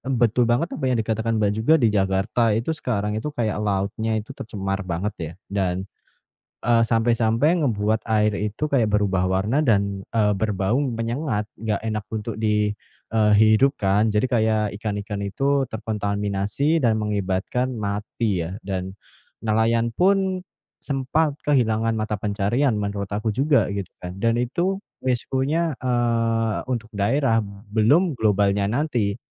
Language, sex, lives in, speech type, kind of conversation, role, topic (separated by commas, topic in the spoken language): Indonesian, female, Indonesia, conversation, unstructured, none, Apa risiko terbesar jika kita mengabaikan kerusakan alam yang terjadi saat ini?